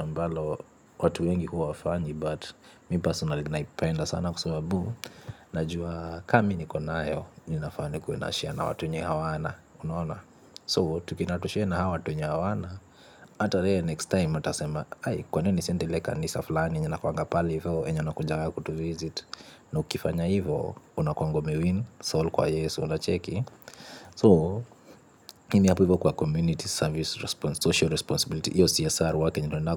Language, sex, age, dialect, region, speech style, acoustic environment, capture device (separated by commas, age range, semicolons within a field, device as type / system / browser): Swahili, male, 25-34, Kenya - Nairobi Sheng-influenced, Kenya, relaxed; tired, appliances, mobile / Linux / Chrome